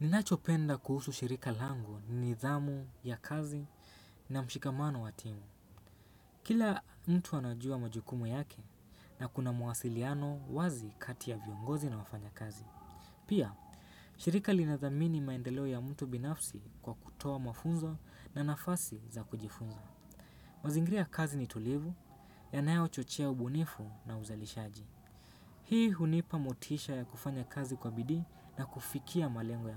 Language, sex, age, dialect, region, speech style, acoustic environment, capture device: Swahili, male, 18-24, Kenya - Mombasa, Kenya, focused, silence, desktop / Windows / Chrome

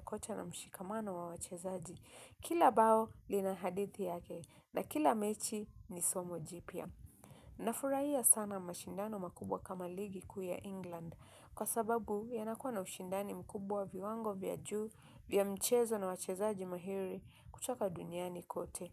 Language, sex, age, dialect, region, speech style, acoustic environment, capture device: Swahili, female, 25-34, Kenya - Mombasa, Kenya, relaxed, nature, mobile / Linux / Chrome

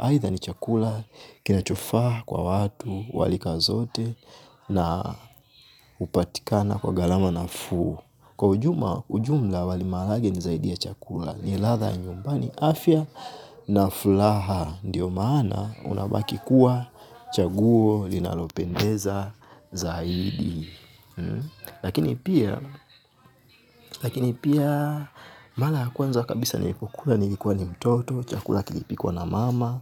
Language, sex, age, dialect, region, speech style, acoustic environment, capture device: Swahili, male, 25-34, Tanzania - Dar es Salaam, Tanzania, relaxed; focused, silence, mobile / Linux / Chrome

